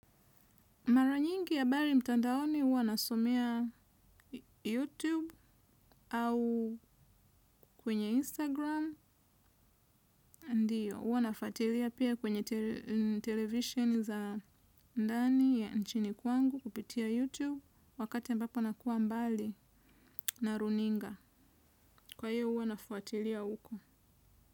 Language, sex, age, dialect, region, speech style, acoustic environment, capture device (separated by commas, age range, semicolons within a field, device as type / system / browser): Swahili, female, 25-34, Tanzania - Dar es Salaam, Tanzania, nervous; tired, traffic; construction; nature, desktop / Windows / Chrome